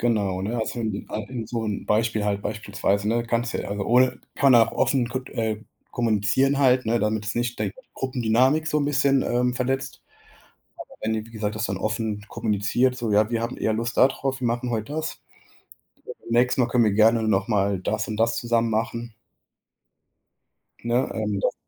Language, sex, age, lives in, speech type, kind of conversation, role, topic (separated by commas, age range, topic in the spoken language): German, male, 25-29, Germany, conversation, advice, advisor, Wie kann ich mit Gruppendruck bei Entscheidungen umgehen, wenn ich dadurch unsicher werde?